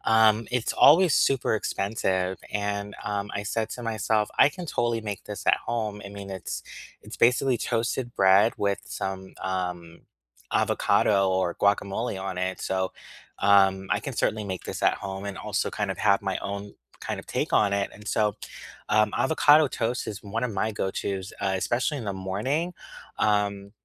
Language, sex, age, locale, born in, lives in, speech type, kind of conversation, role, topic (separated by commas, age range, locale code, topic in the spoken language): English, male, 35-39, en-US, United States, United States, conversation, unstructured, none, What is your go-to comfort food, and what is the story behind it?